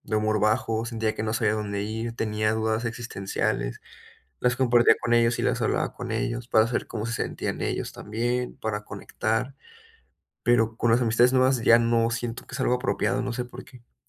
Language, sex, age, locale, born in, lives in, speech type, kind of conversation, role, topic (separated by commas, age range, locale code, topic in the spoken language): Spanish, male, 20-24, es-MX, Mexico, Mexico, conversation, advice, user, ¿Cómo puedo crear conexiones más significativas con mis conocidos?